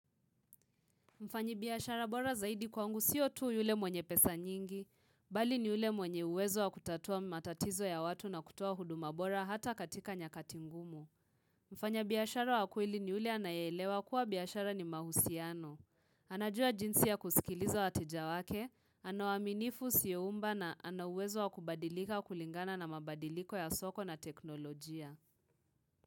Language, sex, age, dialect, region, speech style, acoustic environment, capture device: Swahili, female, 18-24, Kenya - Nairobi Sheng-influenced, Kenya, relaxed, silence, mobile / Linux / Chrome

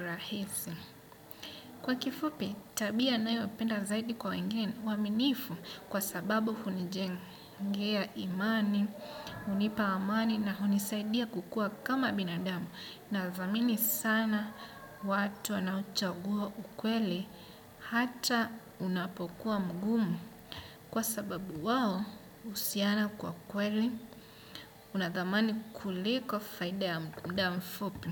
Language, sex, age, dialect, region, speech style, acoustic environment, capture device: Swahili, male, 25-34, Kenya - Mombasa, Kenya, happy, nature, desktop / Windows / Chrome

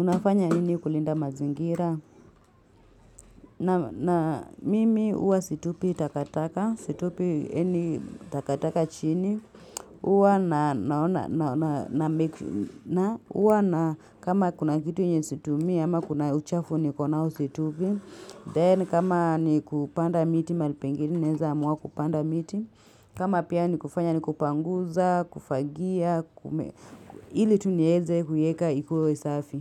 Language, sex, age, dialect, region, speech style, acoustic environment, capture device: Swahili, female, 25-34, Kenya - Nairobi Sheng-influenced, Kenya, happy, silence, mobile / Linux / Chrome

